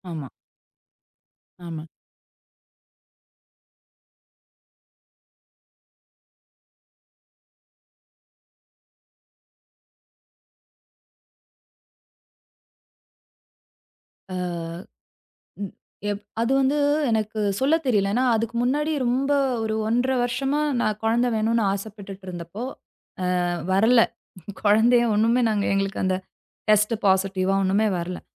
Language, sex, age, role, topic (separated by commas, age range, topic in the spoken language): Tamil, female, 35-39, guest, முதல்முறை பெற்றோராக மாறிய போது நீங்கள் என்ன உணர்ந்தீர்கள்?